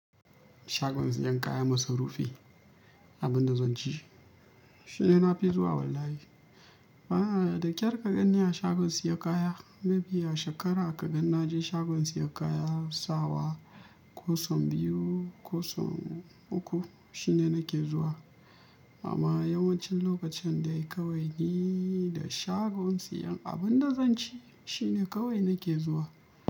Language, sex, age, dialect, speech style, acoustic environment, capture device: Hausa, male, 25-34, Nigeria - Kano, happy, nature, mobile / Linux / Chrome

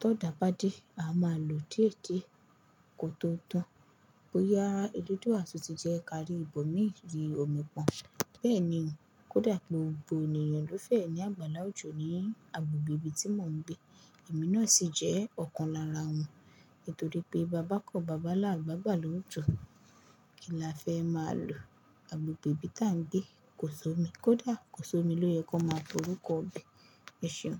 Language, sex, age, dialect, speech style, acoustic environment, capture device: Yoruba, female, 18-24, Nigeria - Lagos Yoruba, focused; relaxed, silence; construction, mobile / Linux / Chrome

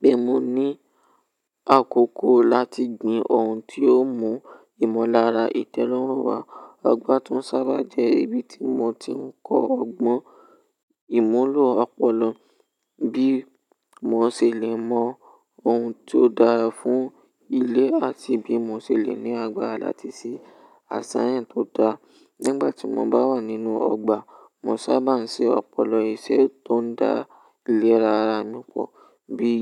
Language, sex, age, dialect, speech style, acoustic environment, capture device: Yoruba, male, 18-24, Nigeria - Ibadan, relaxed; focused, nature, mobile / Linux / Chrome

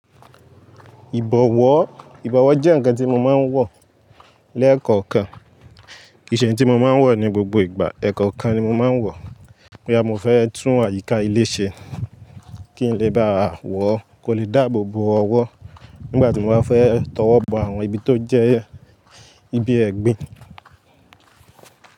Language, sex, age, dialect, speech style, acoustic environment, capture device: Yoruba, male, 18-24, Nigeria - Lagos Yoruba, focused; happy; relaxed, nature, mobile / Linux / Chrome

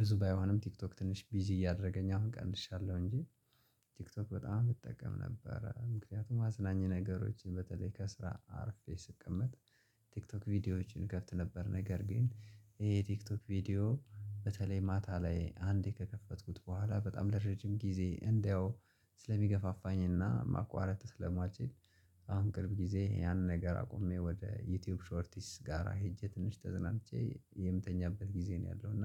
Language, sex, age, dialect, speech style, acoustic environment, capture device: Amharic, male, 25-34, Ethiopia - Gojjam, relaxed, silence, mobile / Linux / Chrome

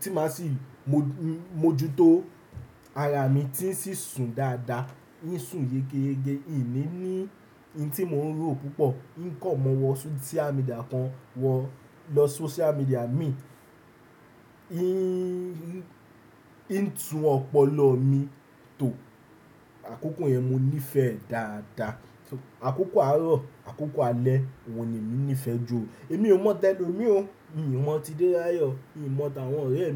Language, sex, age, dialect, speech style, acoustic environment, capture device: Yoruba, male, 18-24, Nigeria - Nigerian Standard, relaxed, nature, desktop / Windows / Chrome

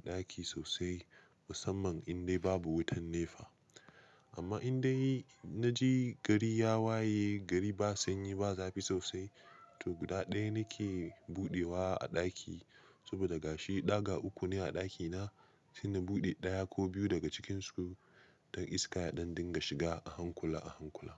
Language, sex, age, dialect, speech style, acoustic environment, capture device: Hausa, male, 25-34, Nigeria - Nigerian Standard, relaxed, silence, mobile / Linux / Chrome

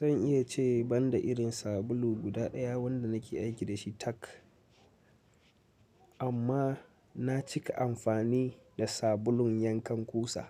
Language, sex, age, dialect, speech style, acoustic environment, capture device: Hausa, male, 18-24, Nigeria - Katsina, relaxed, nature, mobile / Linux / Chrome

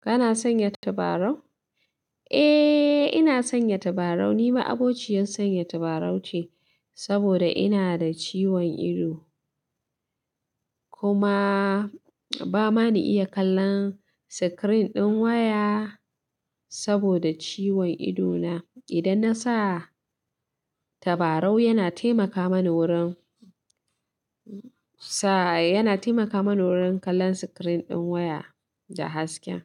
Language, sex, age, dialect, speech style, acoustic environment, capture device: Hausa, female, 25-34, Nigeria - Katsina, happy, silence, mobile / Linux / Chrome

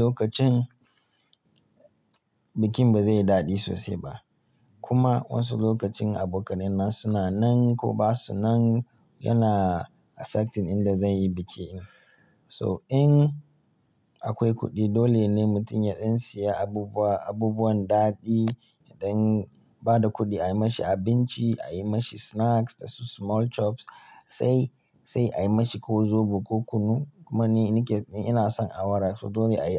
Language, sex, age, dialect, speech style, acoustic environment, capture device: Hausa, male, 25-34, Nigeria - Kano, happy, people talking, mobile / Linux / Chrome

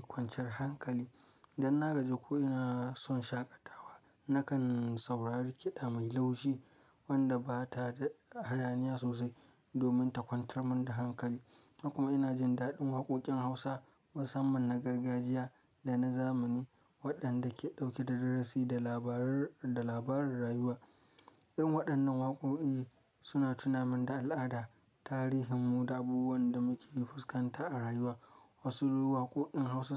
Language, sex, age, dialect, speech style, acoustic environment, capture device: Hausa, male, 25-34, Nigeria - Kano, relaxed; focused, silence, mobile / Linux / Chrome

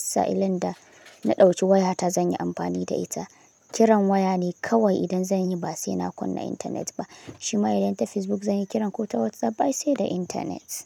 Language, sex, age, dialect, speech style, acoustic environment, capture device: Hausa, male, 18-24, Nigeria - Kano, relaxed; happy; focused; excited, nature; silence, mobile / Linux / Chrome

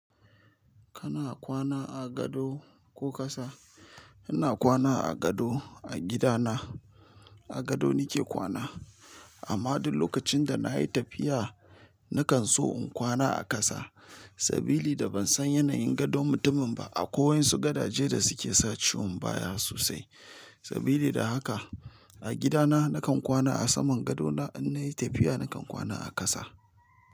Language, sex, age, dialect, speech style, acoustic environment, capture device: Hausa, male, 35-44, Nigeria - Nigerian Standard, tired, silence, mobile / Linux / Chrome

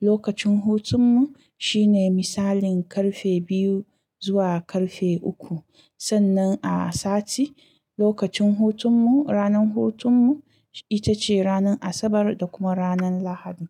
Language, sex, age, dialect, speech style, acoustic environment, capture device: Hausa, female, 25-34, Nigeria - Kano, anxious; focused, silence, mobile / Linux / Chrome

